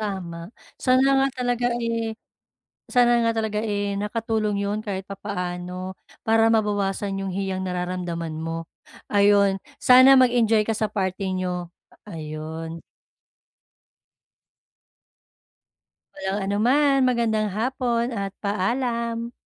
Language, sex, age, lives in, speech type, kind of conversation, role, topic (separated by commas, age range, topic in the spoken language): Filipino, female, 35-39, Philippines, conversation, advice, advisor, Paano ako makakasali sa saya ng party nang hindi nahihiya?